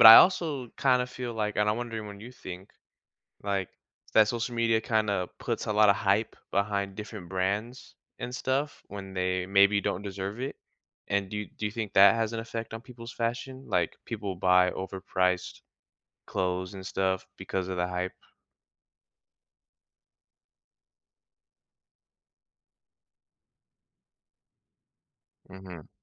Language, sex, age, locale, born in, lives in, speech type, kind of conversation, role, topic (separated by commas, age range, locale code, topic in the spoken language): English, male, 20-24, en-US, United States, United States, conversation, podcast, host, In what ways does fashion allow people to communicate who they are without words?